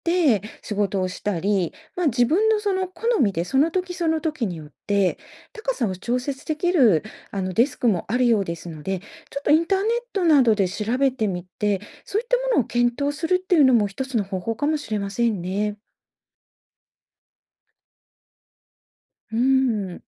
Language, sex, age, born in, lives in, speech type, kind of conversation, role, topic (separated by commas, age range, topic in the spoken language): Japanese, female, 60-64, Japan, Japan, conversation, advice, advisor, 長時間座るとき、姿勢を改善して適度に休憩を取るにはどうすればよいですか？